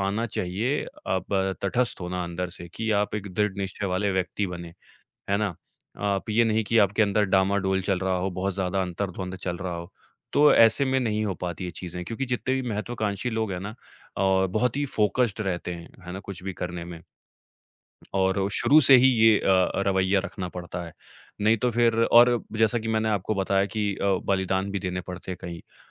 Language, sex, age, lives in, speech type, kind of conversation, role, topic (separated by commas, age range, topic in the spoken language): Hindi, male, 25-29, India, conversation, podcast, guest, महत्वाकांक्षा और आराम के बीच आप संतुलन कैसे बनाते हैं?